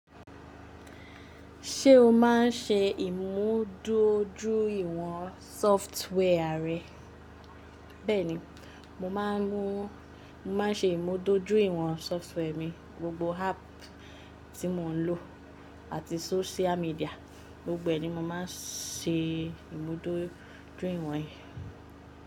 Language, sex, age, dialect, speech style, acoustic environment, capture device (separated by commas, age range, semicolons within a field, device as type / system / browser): Yoruba, female, 25-34, Nigeria - Lagos Yoruba, relaxed; focused, appliances; nature, mobile / Linux / Chrome